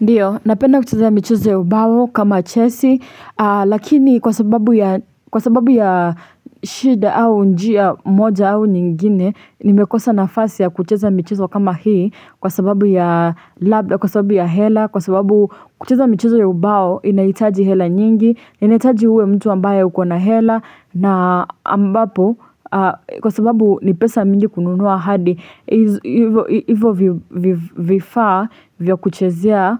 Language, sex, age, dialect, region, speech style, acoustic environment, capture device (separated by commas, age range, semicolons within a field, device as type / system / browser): Swahili, female, 18-24, Kenya - Nairobi Sheng-influenced, Kenya, anxious, silence, desktop / Linux / Chrome